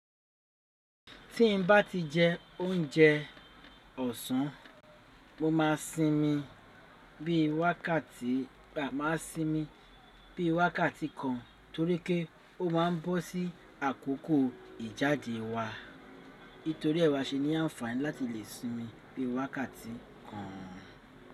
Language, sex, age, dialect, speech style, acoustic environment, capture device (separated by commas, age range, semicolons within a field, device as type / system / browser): Yoruba, male, 35-44, Nigeria - Lagos Yoruba, anxious, people talking, desktop / Linux / Chrome